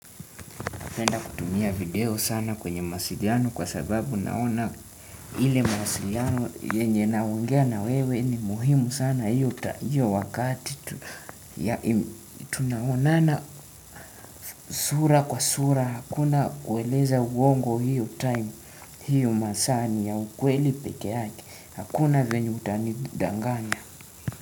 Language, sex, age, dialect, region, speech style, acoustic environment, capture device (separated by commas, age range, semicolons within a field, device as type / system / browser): Swahili, male, 18-24, Kenya - Nairobi Sheng-influenced, Kenya, tired, nature, desktop / Windows / Chrome